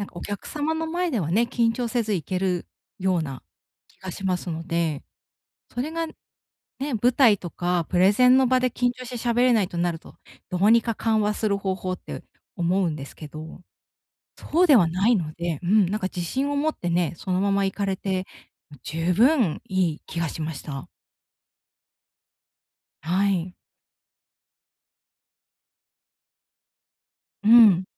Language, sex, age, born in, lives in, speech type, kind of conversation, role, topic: Japanese, female, 35-39, Japan, Japan, conversation, advice, advisor, 人前で緊張をうまくコントロールするにはどうすればよいですか？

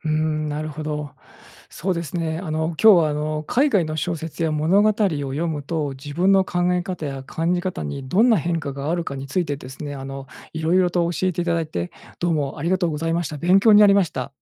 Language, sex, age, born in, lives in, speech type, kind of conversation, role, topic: Japanese, male, 45-49, Japan, Japan, read, expert-assistant, asker, 海外の小説や物語を読むと、自分の考え方や感じ方にどんな変化があると思いますか？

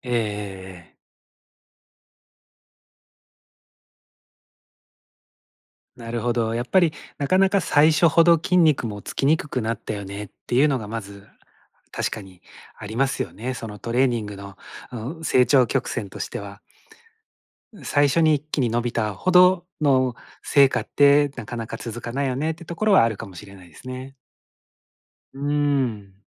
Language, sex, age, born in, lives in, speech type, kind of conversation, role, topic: Japanese, male, 45-49, Japan, Japan, conversation, advice, user, トレーニング効果が停滞してモチベーションが下がっているのですが、どうすれば改善できますか？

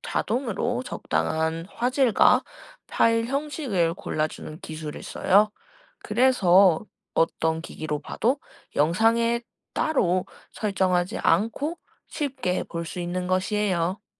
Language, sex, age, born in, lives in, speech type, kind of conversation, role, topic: Korean, female, 20-24, South Korea, Japan, read, expert-assistant, expert, 온라인 동영상은 어떻게 빠르게 재생되나요?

